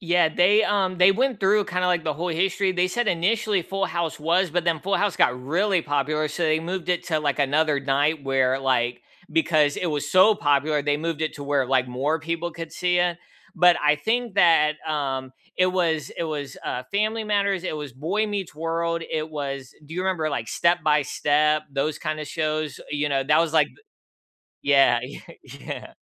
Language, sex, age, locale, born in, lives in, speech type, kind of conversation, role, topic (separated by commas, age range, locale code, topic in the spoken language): English, male, 35-39, en-US, United States, United States, conversation, unstructured, none, Which comfort shows or movies do you rewatch when you need a boost, and what makes them special to you?